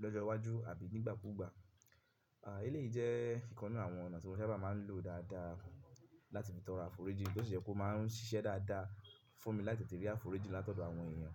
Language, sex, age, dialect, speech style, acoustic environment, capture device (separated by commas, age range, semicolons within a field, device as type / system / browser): Yoruba, male, 25-34, Nigeria - Ibadan, focused, music; people talking; silence, mobile / Linux / Chrome